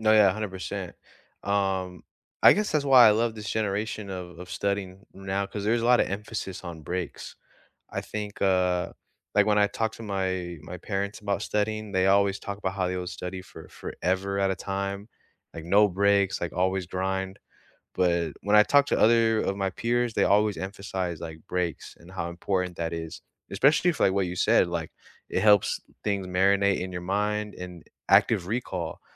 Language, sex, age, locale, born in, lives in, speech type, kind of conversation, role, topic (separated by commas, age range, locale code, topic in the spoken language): English, male, 20-24, en-US, United States, United States, conversation, unstructured, none, How do you learn best—through notes, videos, projects, or conversations—and what makes it click for you?